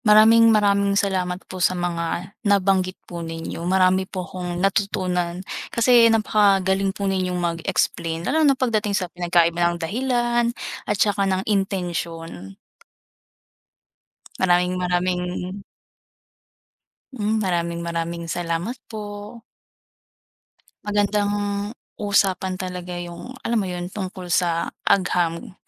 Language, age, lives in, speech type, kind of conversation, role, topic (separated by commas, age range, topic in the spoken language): Filipino, 20-24, Philippines, conversation, unstructured, none, Ano ang nararamdaman mo kapag nalalaman mong pinagsasamantalahan ang agham para sa masasamang gawain?